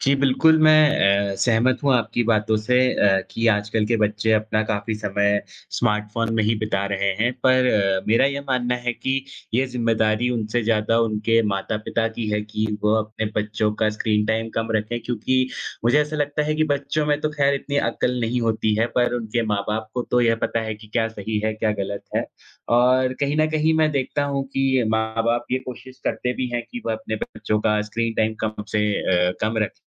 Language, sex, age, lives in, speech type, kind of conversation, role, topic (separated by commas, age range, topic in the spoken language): Hindi, male, 20-24, India, conversation, unstructured, none, आप अपने जीवन में स्क्रीन समय को कैसे संतुलित करते हैं?